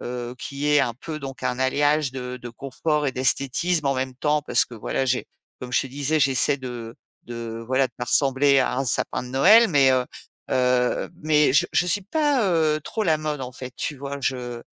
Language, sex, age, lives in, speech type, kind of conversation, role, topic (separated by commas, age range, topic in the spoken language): French, female, 50-54, France, conversation, podcast, guest, Au quotidien, privilégies-tu le confort ou l’esthétique ?